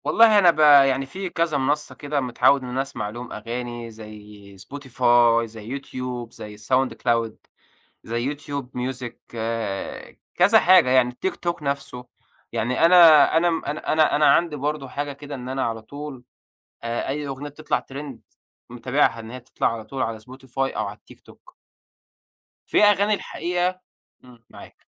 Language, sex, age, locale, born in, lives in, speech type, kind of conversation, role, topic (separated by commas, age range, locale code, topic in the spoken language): Arabic, male, 25-29, ar-EG, Egypt, Egypt, conversation, podcast, guest, ازاي بتلاقي أغاني جديدة الأيام دي؟